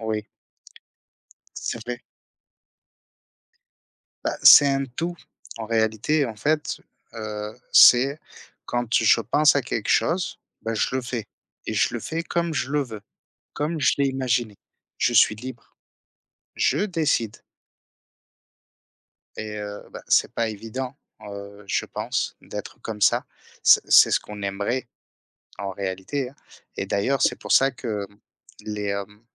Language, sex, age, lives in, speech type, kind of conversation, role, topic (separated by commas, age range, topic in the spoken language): French, male, 30-34, France, conversation, unstructured, none, Est-ce que tu te sens libre d’être toi-même partout où tu vas ?